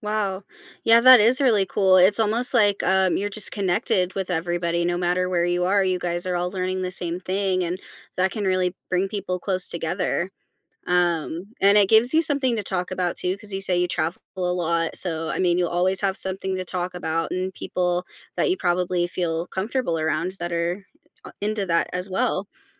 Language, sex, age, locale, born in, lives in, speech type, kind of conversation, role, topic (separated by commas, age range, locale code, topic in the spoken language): English, female, 25-29, en-US, United States, United States, conversation, podcast, host, How has faith shaped your life and the choices you make?